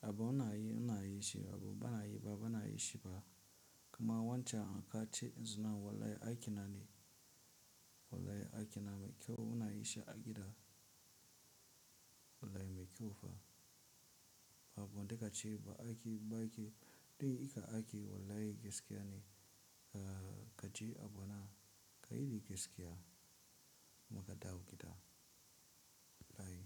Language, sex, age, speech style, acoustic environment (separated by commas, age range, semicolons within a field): Hausa, male, 18-24, relaxed, silence